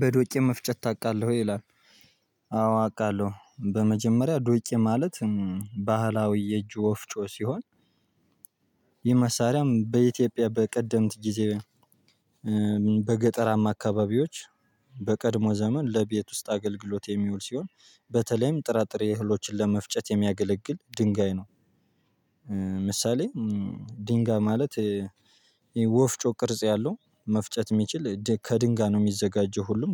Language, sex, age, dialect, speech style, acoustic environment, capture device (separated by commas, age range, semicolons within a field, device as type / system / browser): Amharic, male, 18-24, Ethiopia - Gojjam, focused, people talking, mobile / Linux / Chrome